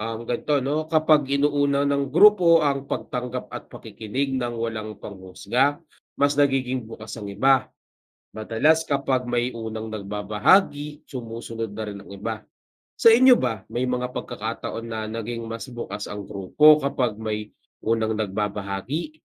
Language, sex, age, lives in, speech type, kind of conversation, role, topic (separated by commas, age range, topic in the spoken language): Filipino, male, 25-29, Philippines, read, expert-assistant, expert, Paano ko malalaman kung sapat ang pag-aalaga ko sa pasyente, lalo na kung minsan ay nagdududa ako sa sarili kong paraan?